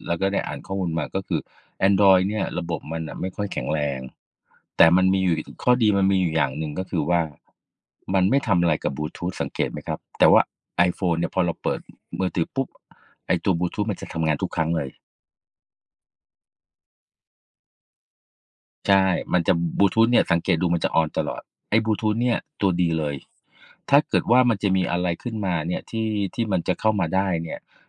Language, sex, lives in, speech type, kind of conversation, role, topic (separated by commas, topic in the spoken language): Thai, male, Thailand, conversation, unstructured, none, การเก็บข้อมูลส่วนตัวไว้ในโทรศัพท์มือถือเป็นเรื่องน่ากลัวไหม?